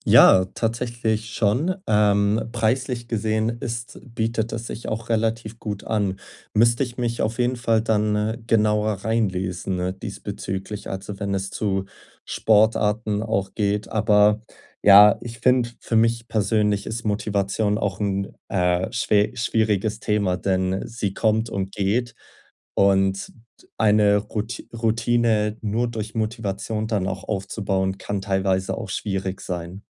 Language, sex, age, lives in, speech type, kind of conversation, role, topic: German, male, 20-24, Germany, conversation, advice, user, Wie bleibe ich motiviert, mich regelmäßig zu bewegen?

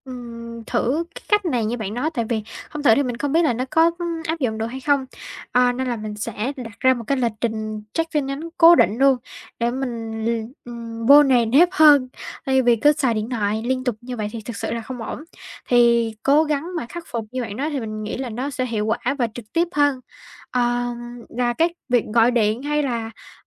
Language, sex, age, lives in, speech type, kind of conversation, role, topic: Vietnamese, female, 18-19, Vietnam, conversation, advice, user, Làm thế nào để giảm tình trạng bị phân tâm liên tục bởi thông báo điện thoại và email?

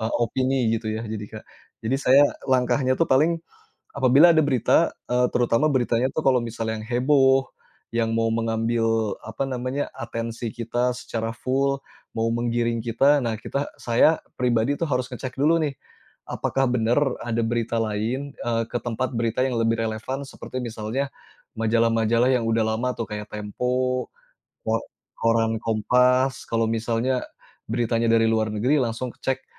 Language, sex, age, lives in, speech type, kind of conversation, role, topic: Indonesian, male, 35-39, Indonesia, conversation, podcast, guest, Bagaimana kamu menilai berita yang bertebaran di internet?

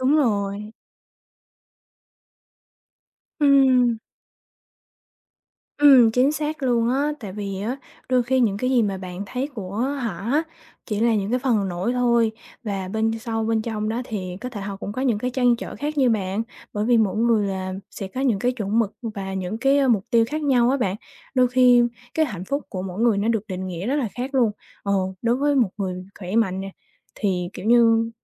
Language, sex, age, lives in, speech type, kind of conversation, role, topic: Vietnamese, female, 20-24, Vietnam, conversation, advice, advisor, Làm sao để tôi không còn thường xuyên so sánh tài sản và lối sống của mình với người khác?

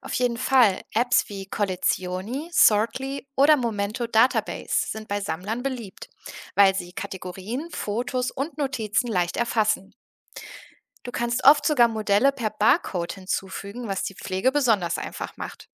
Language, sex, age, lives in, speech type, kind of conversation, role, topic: German, female, 30-34, Germany, read, expert-assistant, expert, Wie bringe ich Ordnung in meine Sammlung, ohne dass der Spaß dabei verloren geht?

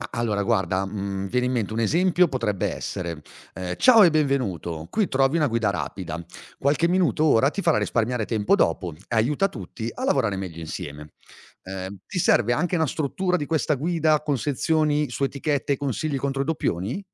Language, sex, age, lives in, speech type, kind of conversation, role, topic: Italian, male, 40-44, Italy, read, expert-assistant, expert, Come posso tenere traccia delle tante notizie e dati sul clima senza sentirmi sopraffatto?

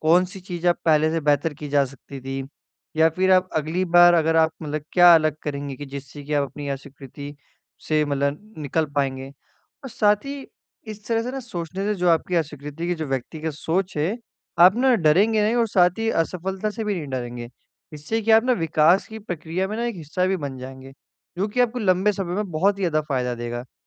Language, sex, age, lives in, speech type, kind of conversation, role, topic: Hindi, male, 18-19, India, conversation, advice, advisor, अस्वीकृति और नाकामी के बाद मैं कैसे आगे बढ़ सकता/सकती हूँ?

